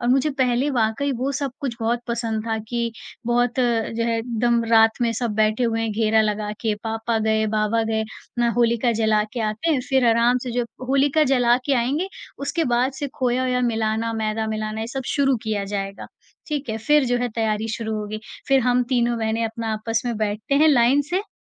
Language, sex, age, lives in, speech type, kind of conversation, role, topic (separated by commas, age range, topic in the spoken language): Hindi, female, 40-44, India, conversation, podcast, guest, त्यौहारों में खाने की कौन-सी परंपरा आपको सबसे प्यारी लगती है?